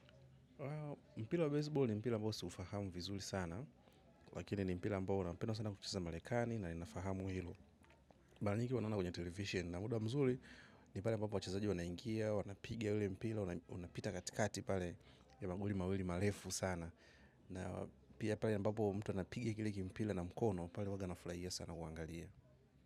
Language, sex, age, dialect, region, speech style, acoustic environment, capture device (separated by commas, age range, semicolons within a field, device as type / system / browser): Swahili, male, 25-34, Tanzania - Dar es Salaam, Tanzania, nervous; focused; relaxed; happy, silence; people talking, mobile / macOS / Safari